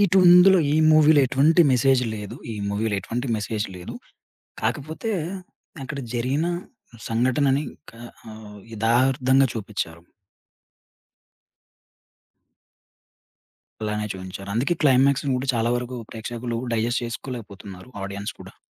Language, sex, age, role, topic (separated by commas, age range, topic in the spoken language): Telugu, male, 30-34, guest, సినిమాలకు మన దృష్టిని, అభిరుచులను మార్చే సామర్థ్యం నిజంగానే ఉందా?